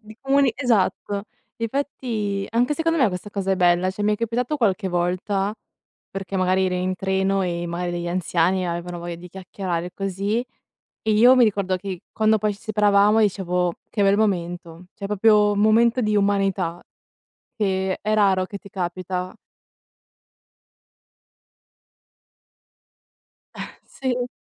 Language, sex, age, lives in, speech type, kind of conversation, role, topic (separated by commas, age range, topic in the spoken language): Italian, female, 20-24, Italy, conversation, unstructured, none, In che modo la tecnologia ha migliorato la tua vita quotidiana?